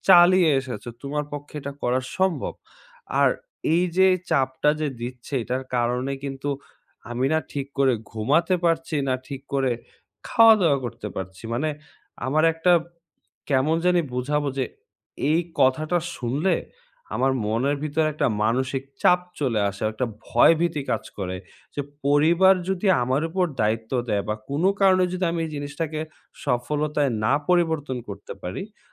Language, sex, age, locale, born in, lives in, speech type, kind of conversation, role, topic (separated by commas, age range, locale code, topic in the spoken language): Bengali, male, 20-24, bn-BD, Bangladesh, Bangladesh, conversation, advice, user, বার্নআউটের লক্ষণসমূহ